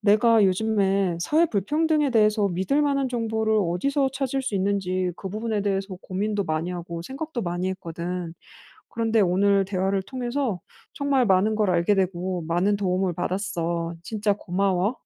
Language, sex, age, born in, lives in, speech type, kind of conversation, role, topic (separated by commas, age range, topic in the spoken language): Korean, female, 35-39, South Korea, France, read, expert-assistant, asker, 사회 불평등에 대해 믿을 만한 정보를 어디서 찾을 수 있을까요?